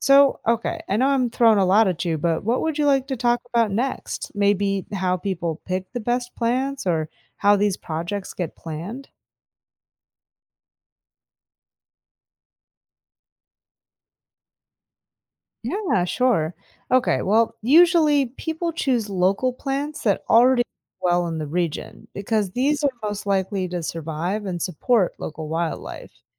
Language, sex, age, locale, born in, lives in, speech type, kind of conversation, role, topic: English, female, 35-39, en-US, United States, United States, read, expert-assistant, expert, What causes deserts to form in certain areas?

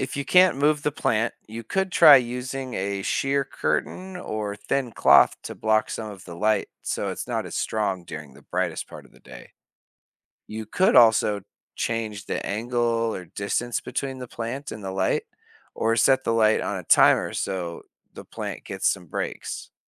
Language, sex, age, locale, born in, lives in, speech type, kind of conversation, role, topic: English, male, 30-34, en-US, United States, United States, read, expert-assistant, expert, Why do plants grow toward sunlight?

